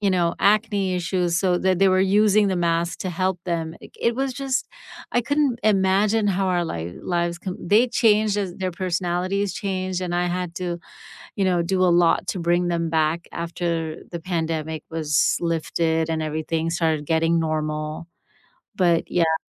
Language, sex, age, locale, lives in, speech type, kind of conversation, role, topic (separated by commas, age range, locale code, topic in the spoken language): English, female, 50-54, en-US, United States, conversation, unstructured, none, Which world events have felt like turning points in your life, and why?